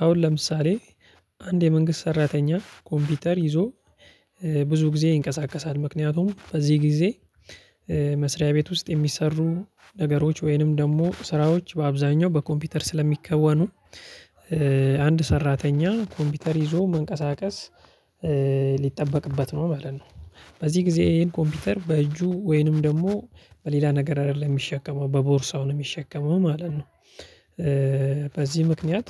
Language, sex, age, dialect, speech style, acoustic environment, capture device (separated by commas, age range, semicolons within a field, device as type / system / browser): Amharic, male, 25-34, Ethiopia - Addis Ababa, focused, silence, mobile / Linux / Chrome